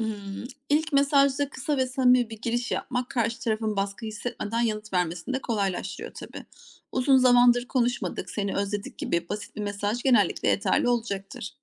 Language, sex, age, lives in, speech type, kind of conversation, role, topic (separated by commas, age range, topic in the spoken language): Turkish, female, 40-44, Germany, read, expert-assistant, expert, Yoğun bir hayatım varken arkadaşlarımla görüşmeyi nasıl düzenli hale getirebilirim?